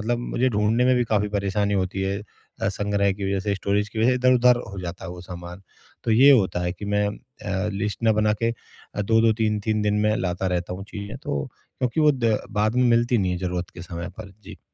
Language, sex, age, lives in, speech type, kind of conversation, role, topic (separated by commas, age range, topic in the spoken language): Hindi, male, 40-44, India, conversation, advice, user, मैं अपने रोज़मर्रा के सामान को सीमित कैसे करूँ?